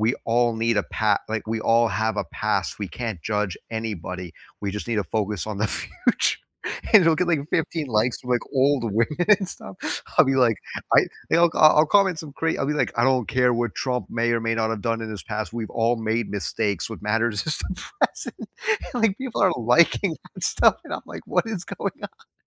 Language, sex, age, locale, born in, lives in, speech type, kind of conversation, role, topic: English, male, 25-29, en-US, United States, United States, conversation, unstructured, none, What political event surprised you?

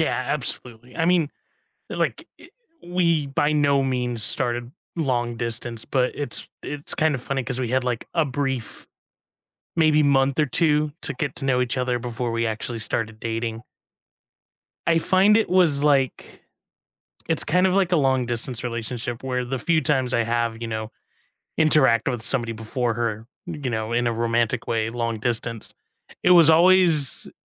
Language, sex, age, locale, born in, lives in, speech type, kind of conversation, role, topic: English, male, 25-29, en-US, United States, United States, conversation, unstructured, none, What is the best way to keep romance alive?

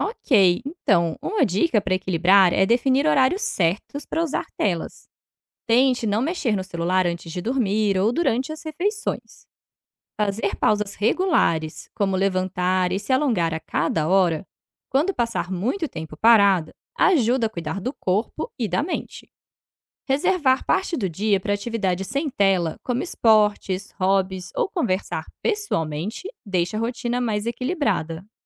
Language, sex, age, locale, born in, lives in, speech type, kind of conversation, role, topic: Portuguese, female, 30-34, pt-BR, Brazil, Portugal, read, expert-assistant, expert, Como o uso excessivo de telas pode afetar a saúde dos adolescentes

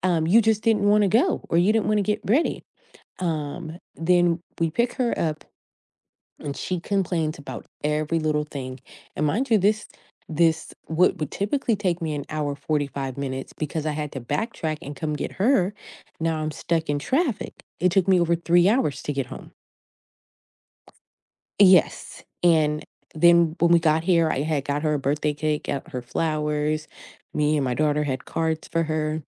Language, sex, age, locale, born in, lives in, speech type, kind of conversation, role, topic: English, female, 35-39, en-US, United States, United States, conversation, advice, user, How can I communicate feeling unappreciated to my family?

